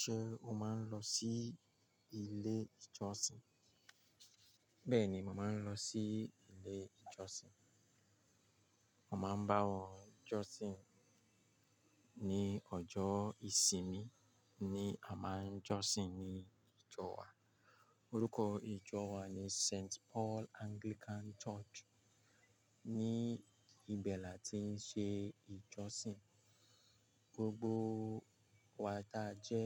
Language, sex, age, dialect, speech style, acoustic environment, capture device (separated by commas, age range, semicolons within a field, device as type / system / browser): Yoruba, male, 35-44, Nigeria - Lagos Yoruba, focused, nature, mobile / Linux / Chrome